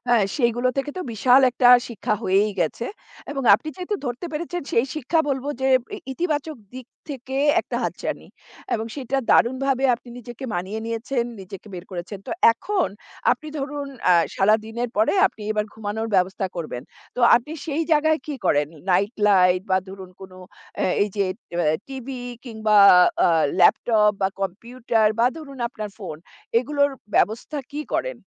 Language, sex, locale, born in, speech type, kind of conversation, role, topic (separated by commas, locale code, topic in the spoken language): Bengali, female, bn-IN, India, conversation, podcast, host, শোবার আগে আপনি স্ক্রিন দেখার সময়টা সাধারণত কীভাবে সীমিত রাখেন?